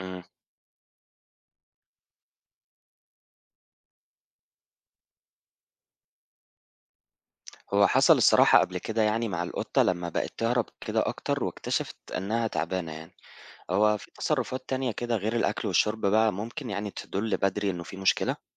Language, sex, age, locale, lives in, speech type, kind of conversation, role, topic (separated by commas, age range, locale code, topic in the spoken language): Arabic, male, 25-29, ar-EG, Egypt, read, expert-assistant, asker, لو الكلاب أو القطط بدأت تتجاهل أوامر التدريب اللي كانت بتنفذها زمان، إيه الأسباب اللي ممكن تخليهم يعملوا كده، وإزاي أرجعهم يستجيبوا؟